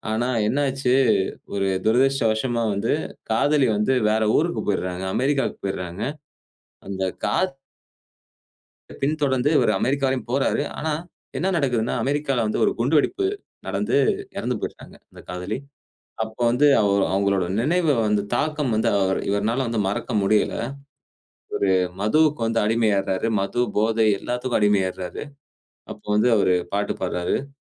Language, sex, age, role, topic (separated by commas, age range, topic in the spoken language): Tamil, male, 35-39, guest, உங்களை மிகவும் பாதித்த ஒரு பாடல் எது?